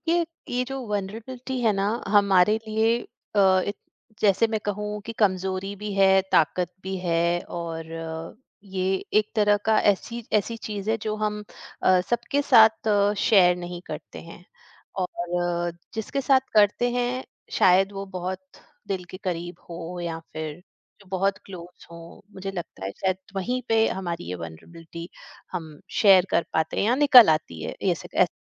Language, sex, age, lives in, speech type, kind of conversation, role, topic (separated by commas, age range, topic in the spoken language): Hindi, female, 45-49, India, conversation, podcast, host, Kisi se apni kamzori share karna kaisa lagta hai?